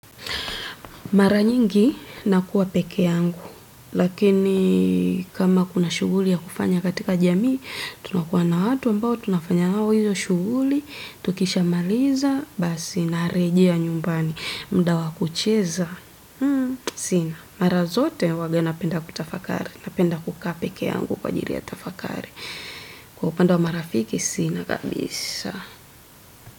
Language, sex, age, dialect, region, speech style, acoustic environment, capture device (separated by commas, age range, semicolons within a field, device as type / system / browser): Swahili, female, 25-34, Tanzania - Dar es Salaam, Tanzania, focused, silence, desktop / Windows / Edge